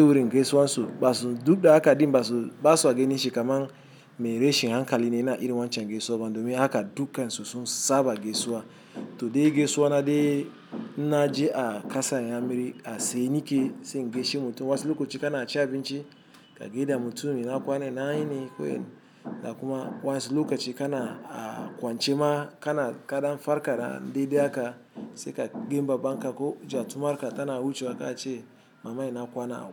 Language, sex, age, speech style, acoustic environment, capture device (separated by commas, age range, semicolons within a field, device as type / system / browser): Hausa, male, 35-44, happy, traffic, mobile / Linux / Chrome